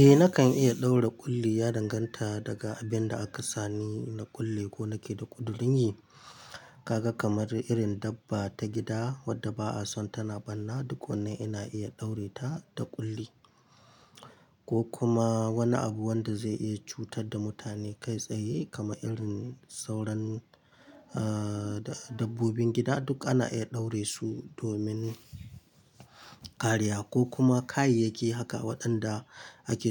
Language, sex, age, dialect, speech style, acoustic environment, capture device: Hausa, male, 25-34, Nigeria - Kano, anxious; focused, nature, mobile / Linux / Chrome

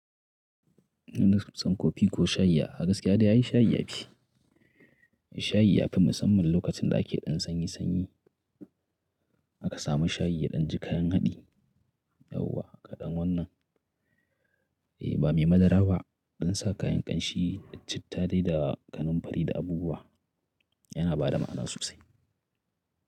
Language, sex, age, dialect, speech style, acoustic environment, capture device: Hausa, male, 35-44, Nigeria - Kano, happy, nature, mobile / Linux / Chrome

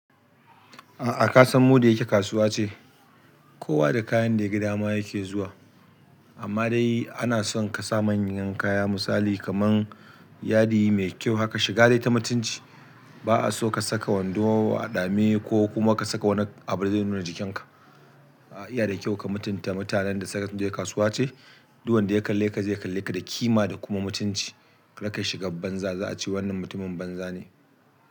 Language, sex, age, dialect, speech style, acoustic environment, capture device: Hausa, male, 35-44, Nigeria - Kano, happy; excited, people talking; music; nature, desktop / Linux / Chrome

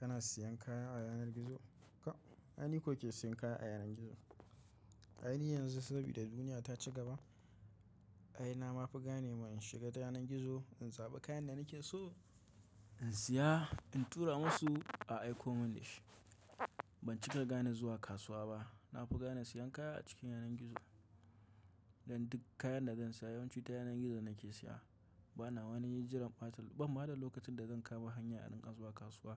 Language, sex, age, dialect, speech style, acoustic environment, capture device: Hausa, male, 25-34, Nigeria - Kano, relaxed, silence, mobile / macOS / Safari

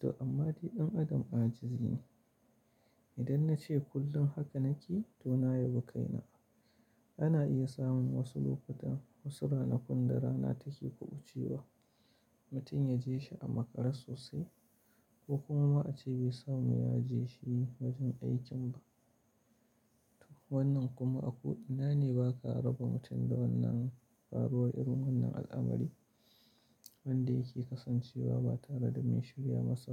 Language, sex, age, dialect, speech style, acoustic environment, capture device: Hausa, male, 35-44, Nigeria - Kano, tired, silence, mobile / Linux / Chrome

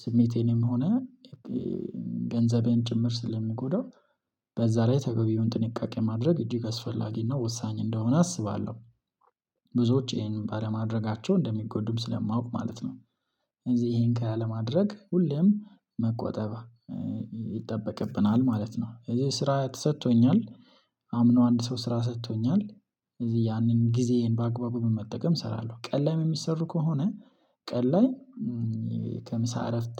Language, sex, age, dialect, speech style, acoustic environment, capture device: Amharic, male, 25-34, Ethiopia - Addis Ababa, happy; relaxed; excited; focused, silence, mobile / Linux / Chrome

